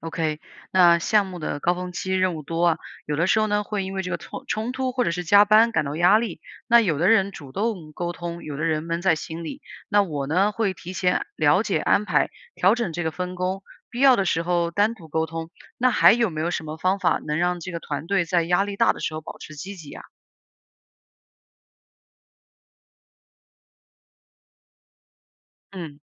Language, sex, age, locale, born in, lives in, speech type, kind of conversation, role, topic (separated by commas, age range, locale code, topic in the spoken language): Chinese, female, 30-34, zh-CN, China, United States, read, expert-assistant, asker, 刚升为管理者，如何设定切实可行的目标，让团队一起实现？